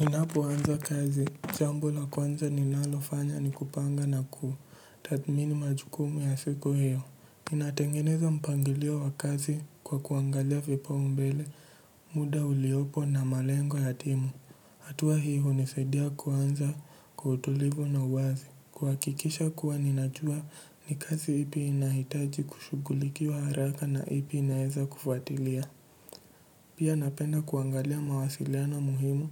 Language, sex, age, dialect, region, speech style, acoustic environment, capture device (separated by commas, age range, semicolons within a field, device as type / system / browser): Swahili, male, 18-24, Kenya - Mombasa, Kenya, relaxed, silence, mobile / Linux / Edge